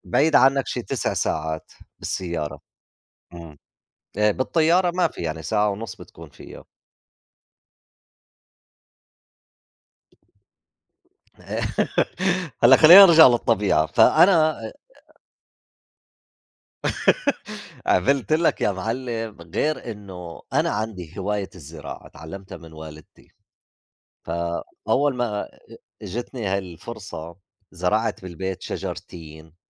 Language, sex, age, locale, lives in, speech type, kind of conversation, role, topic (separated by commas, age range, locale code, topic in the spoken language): Arabic, male, 50-54, ar-JO, United States, conversation, unstructured, none, إنت بتبقى حاسس بإيه لما بتقضي وقت في الطبيعة؟